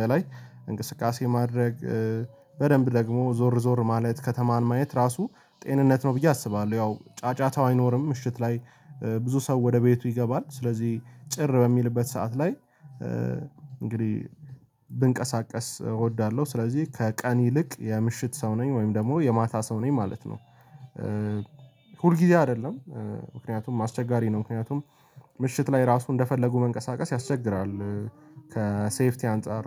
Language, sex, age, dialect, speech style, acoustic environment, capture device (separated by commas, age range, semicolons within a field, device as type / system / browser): Amharic, male, 18-24, Ethiopia - Addis Ababa, tired; relaxed, people talking; music; nature; silence, mobile / Linux / Chrome